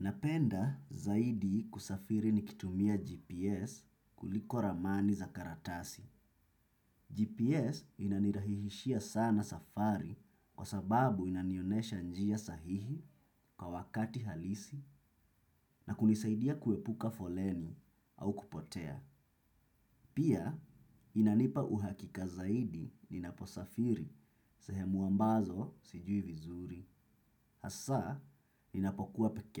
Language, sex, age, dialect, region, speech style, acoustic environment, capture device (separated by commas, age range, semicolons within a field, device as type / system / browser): Swahili, male, 25-34, Kenya - Mombasa, Kenya, relaxed; focused, silence, desktop / Windows / Chrome